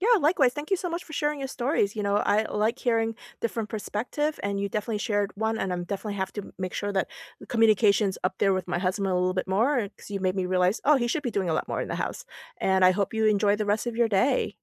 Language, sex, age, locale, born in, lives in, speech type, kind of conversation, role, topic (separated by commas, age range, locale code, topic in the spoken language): English, female, 45-49, en-US, South Korea, United States, conversation, unstructured, none, How do you prefer to divide household responsibilities and daily routines so everyone feels supported and things stay fair?